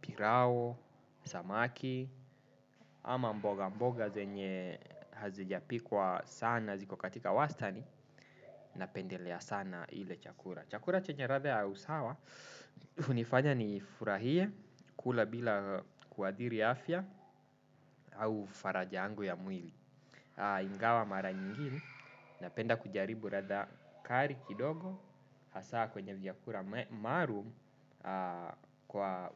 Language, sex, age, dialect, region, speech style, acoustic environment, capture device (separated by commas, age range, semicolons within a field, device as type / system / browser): Swahili, male, 25-34, Kenya - Nairobi Sheng-influenced, Kenya, relaxed, appliances, desktop / Windows / Chrome